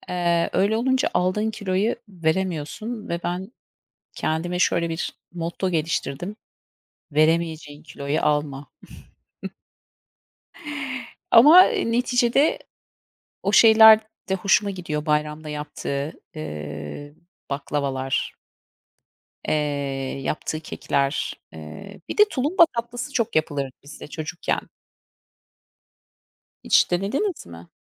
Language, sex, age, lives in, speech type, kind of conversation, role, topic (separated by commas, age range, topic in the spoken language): Turkish, female, 40-44, United States, conversation, unstructured, none, Hangi atıştırmalıklar size ailenizle geçirdiğiniz zamanları hatırlatıyor?